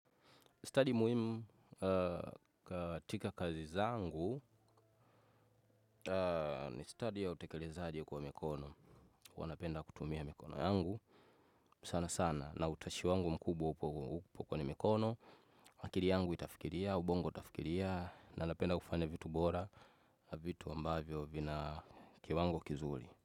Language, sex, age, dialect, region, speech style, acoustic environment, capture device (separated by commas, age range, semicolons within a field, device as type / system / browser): Swahili, male, 25-34, Tanzania - Dar es Salaam, Tanzania, relaxed, nature, desktop / Windows / Chrome